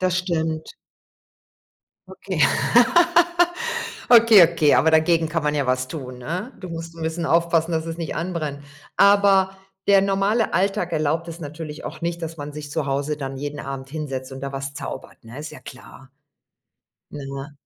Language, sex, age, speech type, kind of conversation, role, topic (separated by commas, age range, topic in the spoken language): German, female, 50-54, conversation, unstructured, none, Was ist dein bester Tipp, um beim Kochen Zeit zu sparen?